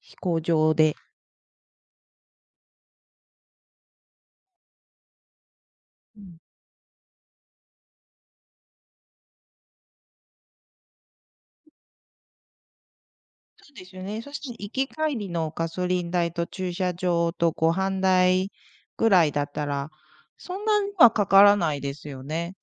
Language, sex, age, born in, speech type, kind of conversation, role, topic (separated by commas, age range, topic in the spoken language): Japanese, female, 40-44, Japan, conversation, podcast, host, お金をかけずに楽しむためのおすすめのアイデアはありますか？